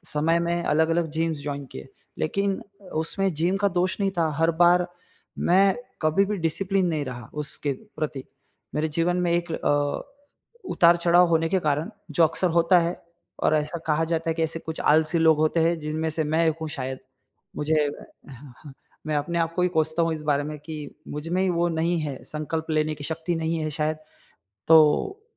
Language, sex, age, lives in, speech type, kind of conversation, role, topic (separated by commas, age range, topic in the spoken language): Hindi, male, 35-39, India, conversation, advice, user, मैं हर दिन नियमित रूप से व्यायाम करने की आदत कैसे बना सकता/सकती हूँ?